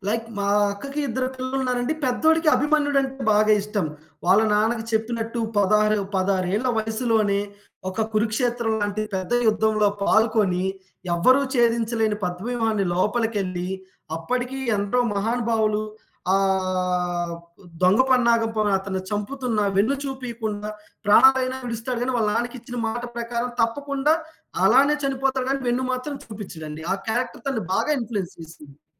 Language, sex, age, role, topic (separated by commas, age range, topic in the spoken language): Telugu, male, 20-24, guest, మనకు కొన్ని పాత్రలపై ప్రేమ ఎలా పుడుతుంది?